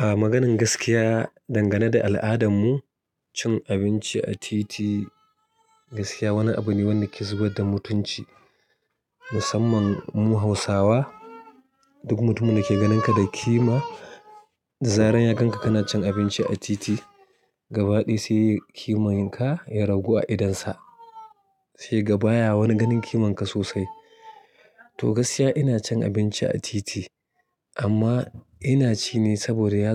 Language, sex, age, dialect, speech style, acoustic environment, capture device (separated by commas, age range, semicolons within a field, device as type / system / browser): Hausa, male, 35-44, Nigeria - Kano, happy, silence, mobile / Linux / Chrome